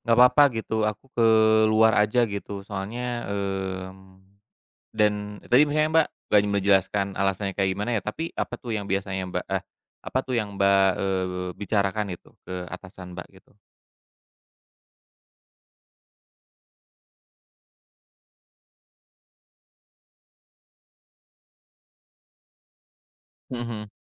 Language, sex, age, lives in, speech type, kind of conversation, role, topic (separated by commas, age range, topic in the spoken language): Indonesian, male, 35-39, Indonesia, conversation, podcast, host, Kapan kamu biasanya tahu kalau sudah waktunya resign dari pekerjaan?